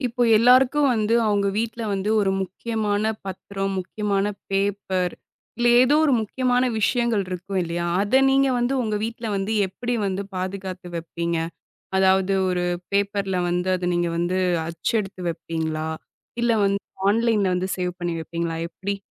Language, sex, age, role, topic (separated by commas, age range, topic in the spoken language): Tamil, female, 25-29, host, அந்த வளங்களை நீங்கள் எவ்வாறு ஒழுங்குபடுத்துகிறீர்கள்?